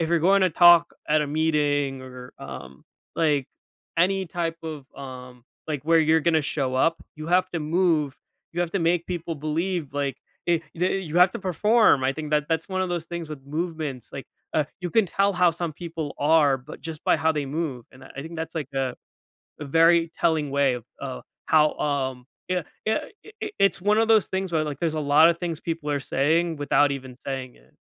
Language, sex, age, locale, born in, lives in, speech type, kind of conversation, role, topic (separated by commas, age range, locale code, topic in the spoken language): English, male, 25-29, en-US, United States, United States, conversation, podcast, guest, What helps people build and maintain a consistent exercise routine?